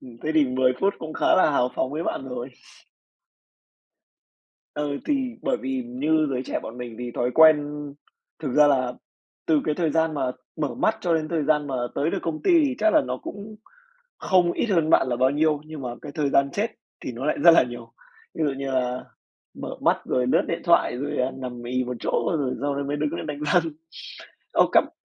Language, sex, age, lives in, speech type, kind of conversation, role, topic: Vietnamese, male, 20-24, Vietnam, conversation, podcast, host, Thói quen buổi sáng nào giúp bạn khỏe mạnh nhất?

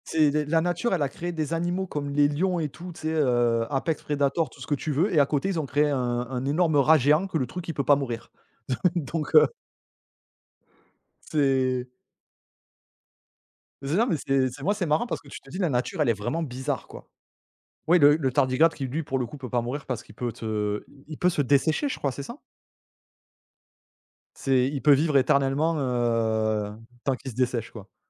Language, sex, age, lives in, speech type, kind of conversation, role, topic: French, male, 35-39, France, conversation, unstructured, none, Comment la nature t’aide-t-elle à te sentir calme ou détendu ?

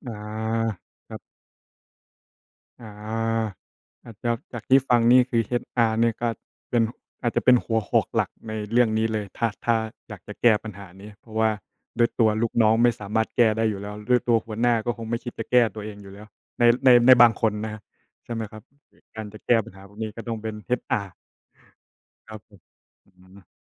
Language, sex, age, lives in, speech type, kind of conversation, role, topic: Thai, male, 25-29, Thailand, conversation, unstructured, none, คุณคิดอย่างไรกับการทำงานหนักแต่ไม่ได้รับความเป็นธรรม?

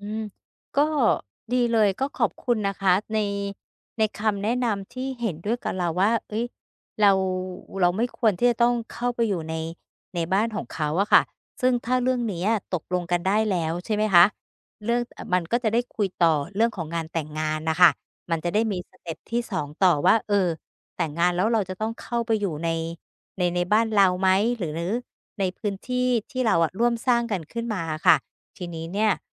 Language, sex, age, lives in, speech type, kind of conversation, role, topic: Thai, female, 50-54, Thailand, conversation, advice, user, ควรเริ่มคุยเรื่องอนาคตร่วมกันกับคนรักอย่างไร?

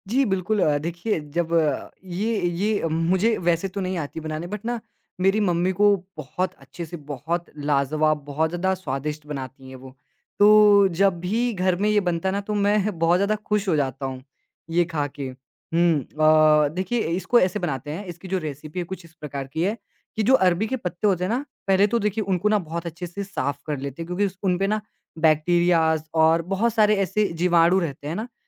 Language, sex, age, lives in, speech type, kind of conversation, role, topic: Hindi, male, 20-24, India, conversation, podcast, guest, आपके घर की सबसे प्यारी पारिवारिक रेसिपी कौन-सी है?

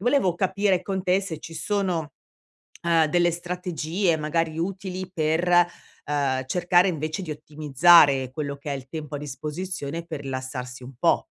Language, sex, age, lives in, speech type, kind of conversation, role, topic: Italian, female, 55-59, Italy, conversation, advice, user, Perché non riesco a rilassarmi anche quando ho tempo libero?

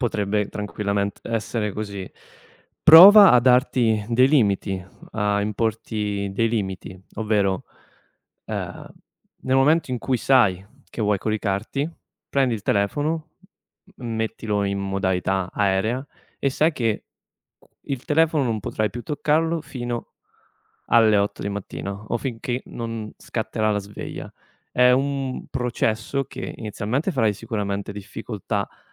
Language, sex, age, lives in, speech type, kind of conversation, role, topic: Italian, male, 25-29, Italy, conversation, advice, advisor, Da quanto tempo hai difficoltà a dormire o ti senti poco riposato anche dopo una notte di sonno?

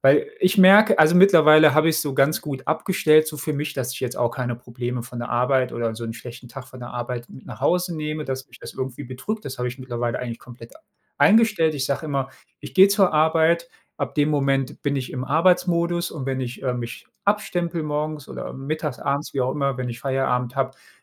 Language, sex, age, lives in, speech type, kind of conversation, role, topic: German, male, 35-39, Germany, conversation, unstructured, none, Was machst du, wenn dich jemand unfair behandelt?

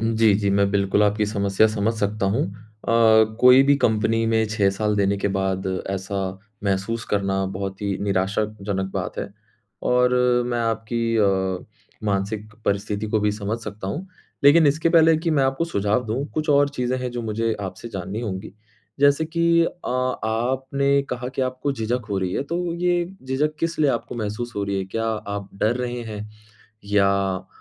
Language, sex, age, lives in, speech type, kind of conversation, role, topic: Hindi, male, 25-29, India, conversation, advice, advisor, प्रमोशन या वेतन माँगने में आपको झिझक क्यों महसूस होती है?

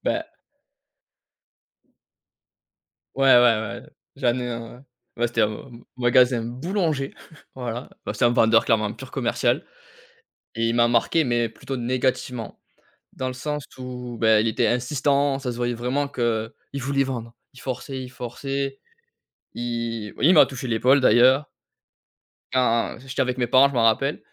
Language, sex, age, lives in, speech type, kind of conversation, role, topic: French, male, 30-34, France, conversation, podcast, guest, Quel rôle le langage non verbal joue-t-il pour toi ?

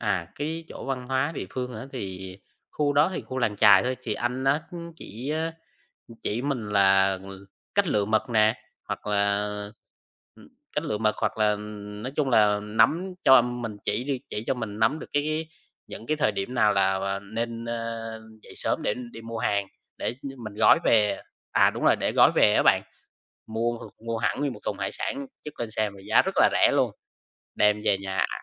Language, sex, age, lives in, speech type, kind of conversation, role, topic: Vietnamese, male, 20-24, Vietnam, conversation, podcast, guest, Bạn có thể kể về một người địa phương mà bạn đã gặp trên đường đi du lịch không?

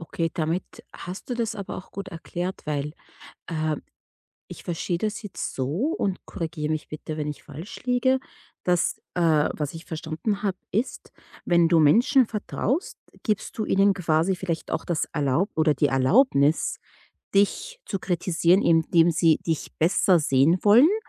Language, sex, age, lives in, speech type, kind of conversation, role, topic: German, female, 30-34, United States, conversation, advice, advisor, Wie kann ich Kritik an meiner Arbeit annehmen, ohne sie persönlich zu nehmen?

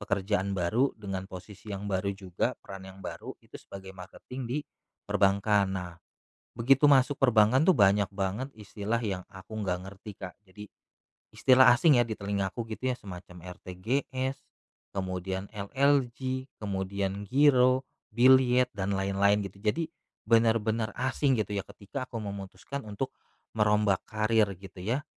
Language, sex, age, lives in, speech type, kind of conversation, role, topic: Indonesian, male, 35-39, Indonesia, conversation, podcast, guest, Kapan kamu memutuskan untuk merombak karier, dan apa yang memicunya?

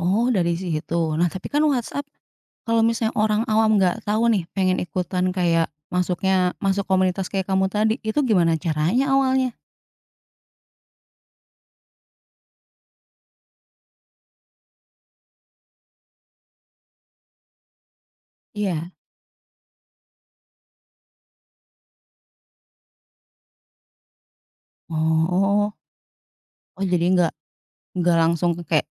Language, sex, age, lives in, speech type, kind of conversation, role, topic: Indonesian, female, 35-39, Indonesia, conversation, podcast, host, Bagaimana cara kamu mengubah hobi menjadi peluang usaha?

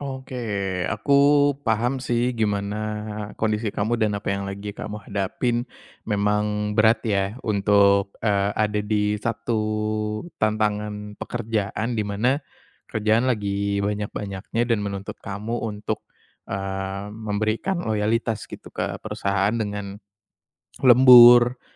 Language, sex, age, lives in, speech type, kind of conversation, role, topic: Indonesian, male, 25-29, Indonesia, conversation, advice, advisor, Bagaimana cara mengetahui apakah saya hanya lelah atau sedang mengalami kelelahan mental?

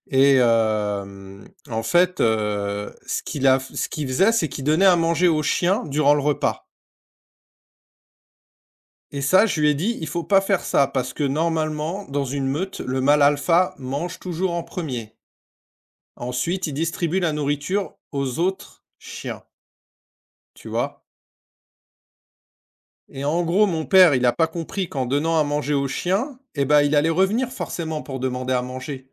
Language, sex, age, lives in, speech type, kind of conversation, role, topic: French, male, 45-49, France, conversation, unstructured, none, Comment réagissez-vous face à la maltraitance des animaux ?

